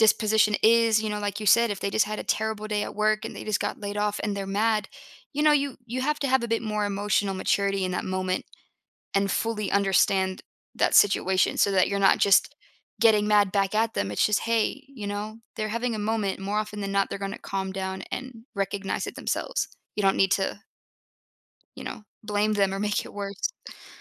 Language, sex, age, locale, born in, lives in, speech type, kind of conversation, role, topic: English, female, 25-29, en-US, United States, United States, conversation, podcast, guest, Why do people often find themselves in conflict with each other?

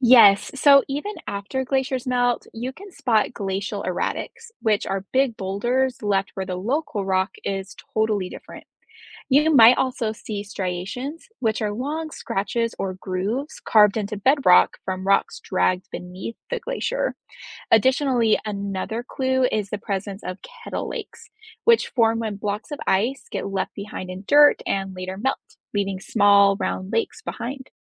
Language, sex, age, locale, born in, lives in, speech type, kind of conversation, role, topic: English, female, 30-34, en-US, United States, United States, read, expert-assistant, expert, How do glaciers shape the landscape?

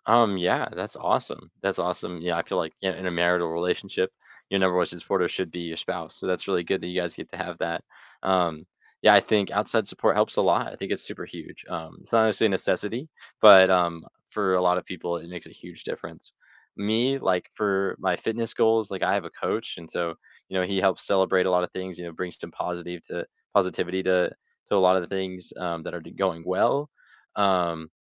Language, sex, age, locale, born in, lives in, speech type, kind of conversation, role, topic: English, male, 18-19, en-US, United States, United States, conversation, unstructured, none, How do your everyday routines bring you closer to your big dreams?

